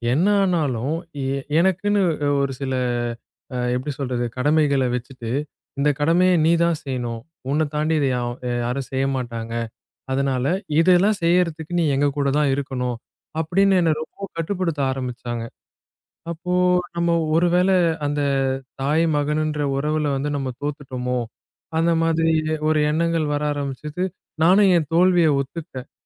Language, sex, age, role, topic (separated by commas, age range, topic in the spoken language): Tamil, male, 20-24, guest, ஒரு தோல்வியிலிருந்து நீங்கள் எப்படி வளர்ந்தீர்கள்?